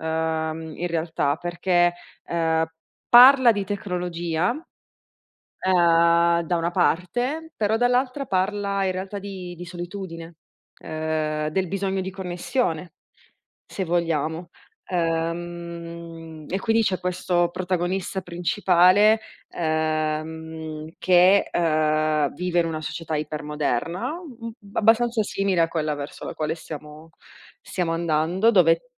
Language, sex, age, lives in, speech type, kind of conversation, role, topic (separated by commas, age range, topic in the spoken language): Italian, female, 30-34, Italy, conversation, unstructured, none, Qual è il tuo film preferito di sempre?